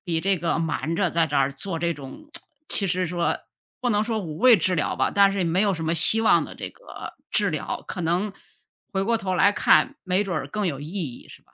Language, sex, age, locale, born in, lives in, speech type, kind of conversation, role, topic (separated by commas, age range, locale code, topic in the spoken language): Chinese, female, 55-59, zh-CN, China, United States, conversation, unstructured, none, 你觉得在什么情况下撒谎是可以接受的？